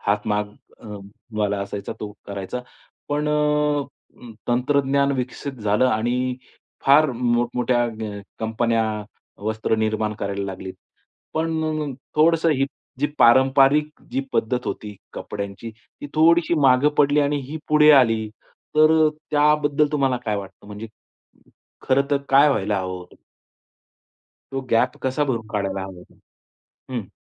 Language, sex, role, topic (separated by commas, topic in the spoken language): Marathi, male, host, नवे तंत्रज्ञान आणि पारंपरिक पद्धती तुम्ही एकत्र कशा जुळवता?